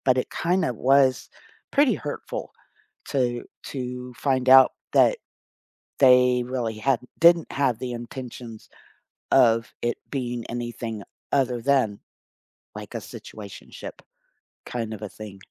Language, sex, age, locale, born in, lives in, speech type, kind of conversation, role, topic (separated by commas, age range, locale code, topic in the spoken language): English, female, 55-59, en-US, United States, United States, conversation, unstructured, none, How can you learn from past relationships while staying open and free of emotional baggage?